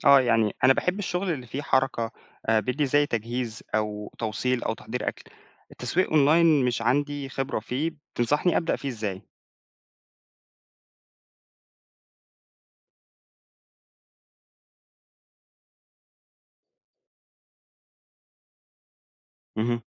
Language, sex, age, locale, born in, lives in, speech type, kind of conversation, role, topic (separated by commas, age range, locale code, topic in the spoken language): Arabic, male, 20-24, ar-EG, Egypt, Egypt, read, expert-assistant, asker, إزاي أستفيد من وقتي ومجهودي عشان أعمل فرق اقتصادي في بلدي؟